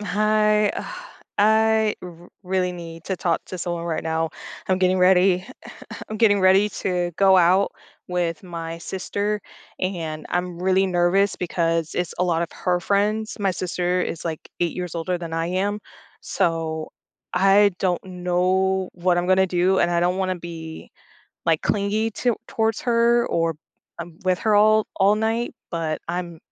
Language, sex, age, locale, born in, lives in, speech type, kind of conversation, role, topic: English, female, 30-34, en-US, United States, United States, conversation, advice, user, How can I feel less nervous meeting new people?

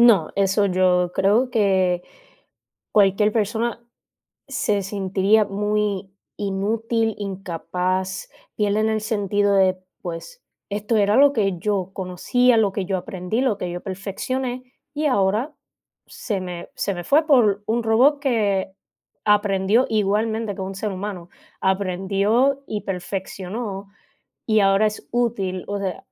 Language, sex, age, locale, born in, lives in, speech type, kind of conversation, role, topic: Spanish, female, 20-24, es-CU, Puerto Rico, United States, conversation, unstructured, none, ¿Es justo que la tecnología reemplace trabajos humanos?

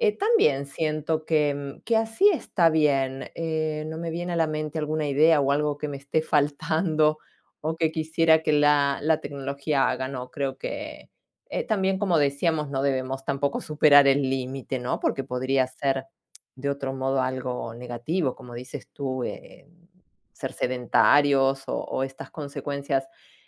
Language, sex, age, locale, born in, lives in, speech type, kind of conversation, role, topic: Spanish, female, 45-49, es-AR, Argentina, Italy, conversation, unstructured, none, ¿Qué tecnología nueva te ha hecho la vida más fácil últimamente?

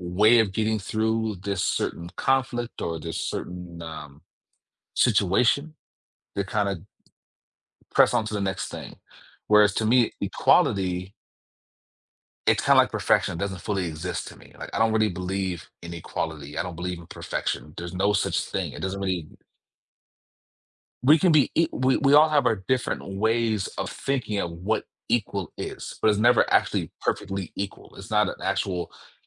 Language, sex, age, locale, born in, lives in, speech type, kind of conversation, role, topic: English, male, 35-39, en-US, Germany, United States, conversation, podcast, guest, How do our personal experiences shape what we see as fair in everyday life?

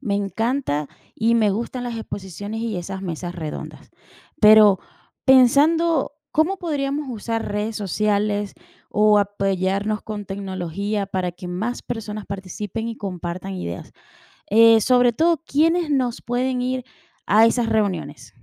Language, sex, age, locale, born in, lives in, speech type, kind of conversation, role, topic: Spanish, female, 40-44, es-VE, Venezuela, United States, read, expert-assistant, asker, ¿Cómo equilibrar lo tradicional y lo nuevo en las ferias comunitarias?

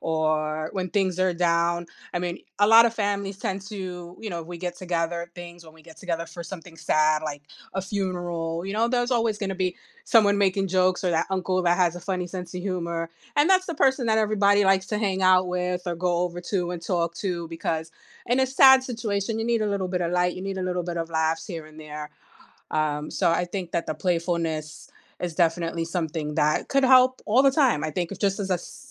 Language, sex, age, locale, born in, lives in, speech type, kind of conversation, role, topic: English, female, 45-49, en-US, United States, United States, conversation, unstructured, none, How can being playful improve our happiness and relationships?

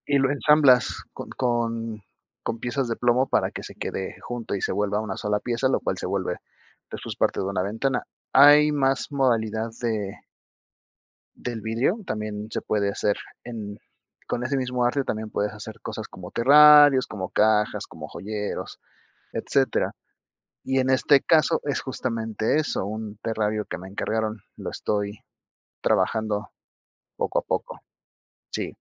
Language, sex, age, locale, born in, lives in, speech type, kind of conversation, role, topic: Spanish, male, 30-34, es-MX, Mexico, Mexico, conversation, advice, user, ¿Cómo puedo planear una rutina para evitar el agotamiento?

